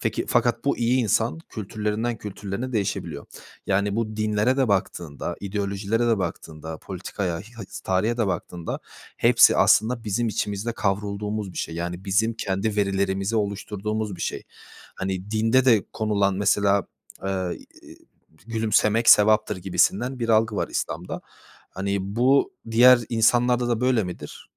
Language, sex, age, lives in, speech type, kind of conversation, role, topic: Turkish, male, 20-24, Poland, conversation, unstructured, none, Hedeflerine ulaşmak için etik olmayan yollara başvurmayı düşünür müsün?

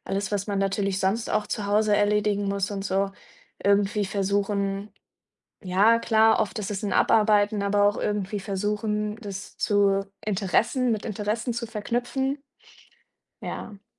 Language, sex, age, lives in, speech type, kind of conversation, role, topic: German, female, 25-29, Germany, conversation, podcast, guest, Wie findest du neue Motivation, wenn dir die Lust nachlässt?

